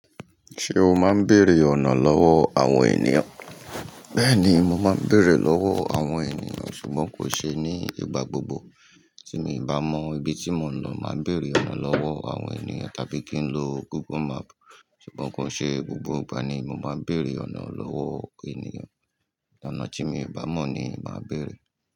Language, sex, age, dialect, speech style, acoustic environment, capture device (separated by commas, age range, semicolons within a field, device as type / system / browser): Yoruba, male, 45-54, Nigeria - Lagos Yoruba, happy, people talking, mobile / Linux / Chrome